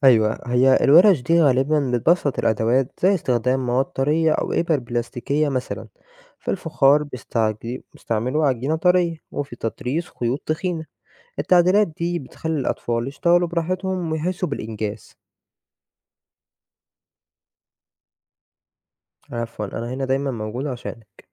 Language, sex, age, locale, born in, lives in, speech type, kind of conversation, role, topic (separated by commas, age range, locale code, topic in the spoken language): Arabic, male, 20-24, ar-EG, Egypt, Egypt, read, expert-assistant, expert, فين ممكن ألاقي أماكن أو ورش في مصر بتعلم الحرف أو الفنون الشعبية القديمة زي الخيامية أو صناعة السجاد اليدوي؟